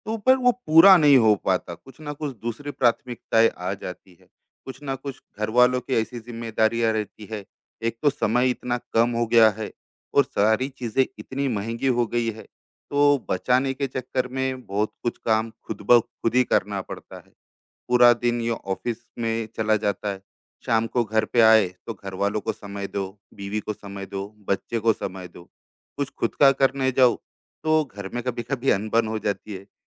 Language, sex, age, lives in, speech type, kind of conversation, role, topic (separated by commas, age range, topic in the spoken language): Hindi, male, 35-39, India, conversation, advice, user, मैं अपने लक्ष्य कैसे स्पष्ट करूँ और प्राथमिकताएँ कैसे तय करूँ?